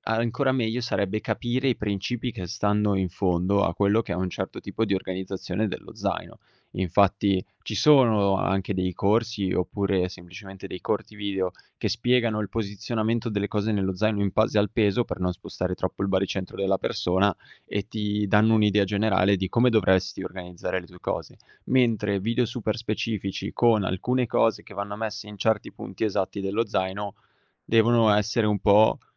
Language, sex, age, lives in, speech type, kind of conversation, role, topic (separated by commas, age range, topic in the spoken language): Italian, male, 18-19, Italy, conversation, podcast, guest, Come prepari uno zaino leggero ma completo?